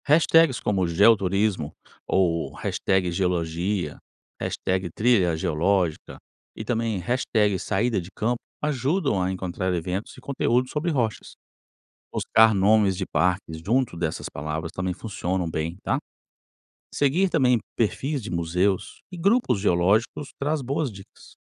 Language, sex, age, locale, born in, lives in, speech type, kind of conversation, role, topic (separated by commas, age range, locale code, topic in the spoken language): Portuguese, male, 45-49, pt-BR, Brazil, United States, read, expert-assistant, expert, Você conhece lugares ou museus onde dá pra descobrir mais sobre a história das rochas no Brasil?